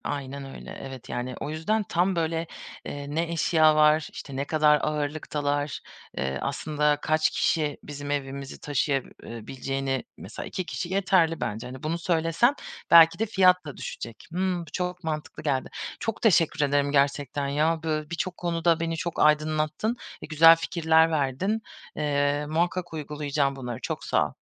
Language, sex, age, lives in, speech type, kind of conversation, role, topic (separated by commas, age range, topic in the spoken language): Turkish, female, 30-34, Germany, conversation, advice, user, Taşınmanın maddi yükü ve bütçe kaygısıyla nasıl başa çıkabilirim?